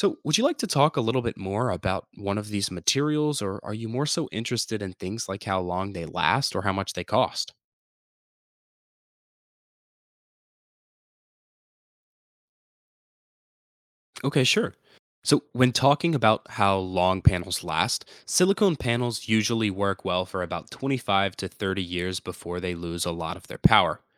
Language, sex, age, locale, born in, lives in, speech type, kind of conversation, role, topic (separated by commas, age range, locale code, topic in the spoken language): English, male, 18-19, en-US, United States, United States, read, expert-assistant, expert, How do solar panels work and what happens to them over their lifespan?